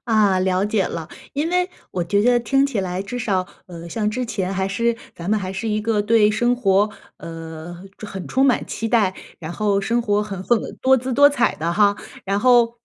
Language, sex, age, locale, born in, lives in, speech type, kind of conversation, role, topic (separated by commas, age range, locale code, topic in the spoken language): Chinese, female, 35-39, zh-CN, China, United States, conversation, advice, advisor, 在忙碌中如何坚持兴趣爱好？